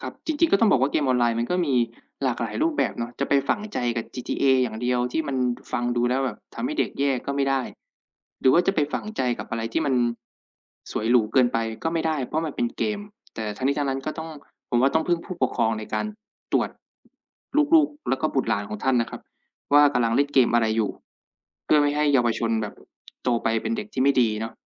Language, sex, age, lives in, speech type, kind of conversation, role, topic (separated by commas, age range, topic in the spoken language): Thai, male, 25-29, Thailand, conversation, unstructured, none, คุณคิดว่าการเล่นเกมออนไลน์มีผลเสียมากกว่าผลดีไหม?